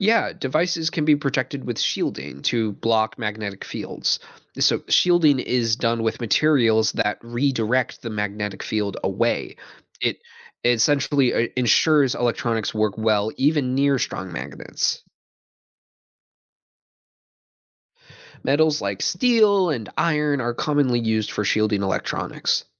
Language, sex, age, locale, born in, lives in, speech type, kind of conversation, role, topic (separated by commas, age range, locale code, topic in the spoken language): English, male, 20-24, en-US, United States, United States, read, expert-assistant, expert, How do magnetic fields affect electronic devices?